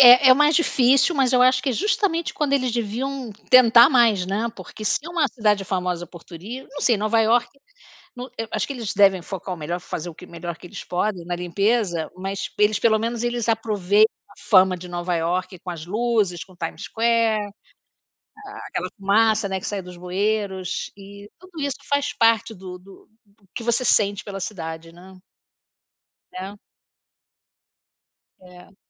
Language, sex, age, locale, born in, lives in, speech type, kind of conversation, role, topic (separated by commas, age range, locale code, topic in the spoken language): Portuguese, female, 60-64, pt-BR, Brazil, United States, conversation, unstructured, none, O que te deixa feliz ao ver uma cidade bem cuidada?